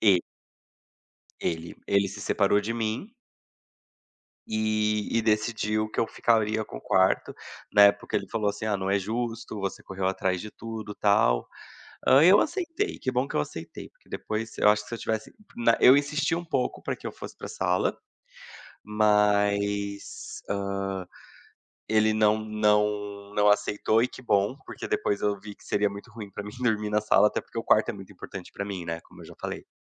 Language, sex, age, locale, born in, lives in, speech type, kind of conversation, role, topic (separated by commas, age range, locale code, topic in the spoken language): Portuguese, male, 30-34, pt-BR, Brazil, Portugal, conversation, podcast, guest, Qual é um lugar na sua casa que te traz paz?